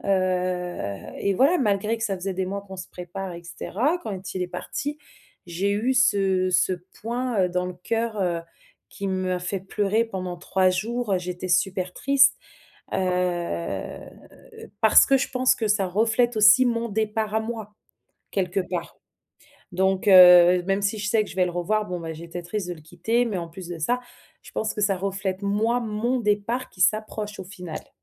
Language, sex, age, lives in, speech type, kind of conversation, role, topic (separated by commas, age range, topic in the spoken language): French, female, 30-34, France, conversation, advice, user, Comment dire au revoir à ma famille et à mes amis avant de déménager à l’étranger ?